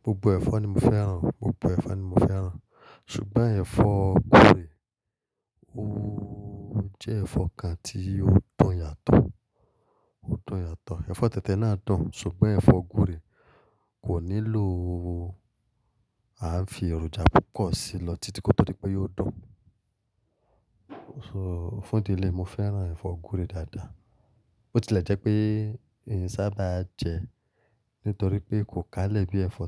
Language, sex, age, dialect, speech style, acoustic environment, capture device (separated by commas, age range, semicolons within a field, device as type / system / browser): Yoruba, male, 25-34, Nigeria - Lagos Yoruba, excited; focused, silence, mobile / Linux / Chrome